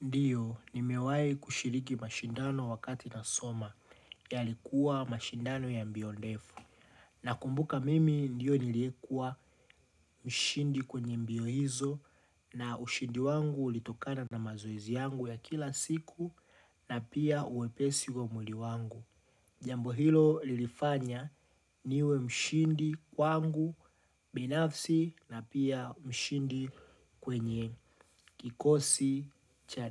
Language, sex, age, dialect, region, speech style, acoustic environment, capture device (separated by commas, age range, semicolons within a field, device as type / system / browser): Swahili, male, 25-34, Tanzania - Dar es Salaam, Tanzania, happy, nature, mobile / Linux / Chrome